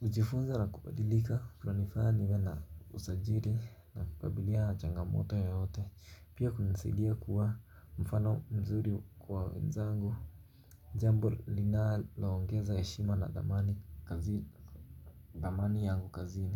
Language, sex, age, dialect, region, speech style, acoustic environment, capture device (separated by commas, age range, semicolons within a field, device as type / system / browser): Swahili, male, 18-24, Kenya - Mombasa, Kenya, relaxed; focused, silence, mobile / Linux / Chrome